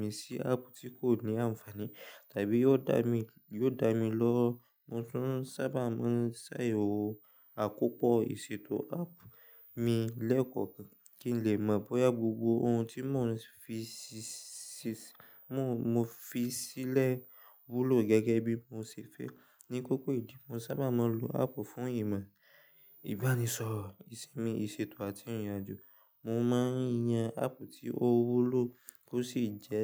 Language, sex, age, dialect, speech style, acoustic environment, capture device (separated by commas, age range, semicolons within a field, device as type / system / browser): Yoruba, male, 18-24, Nigeria - Lagos Yoruba, relaxed, nature; silence, mobile / Linux / Chrome